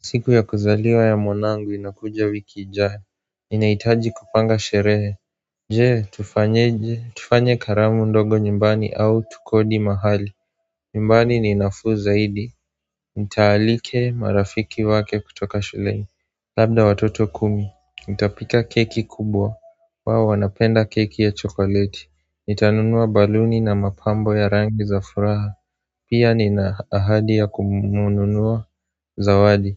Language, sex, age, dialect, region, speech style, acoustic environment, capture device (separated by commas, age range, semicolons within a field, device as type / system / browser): Swahili, male, 18-24, Kenya - Nairobi Sheng-influenced, Kenya, relaxed, silence, mobile / Android / Chrome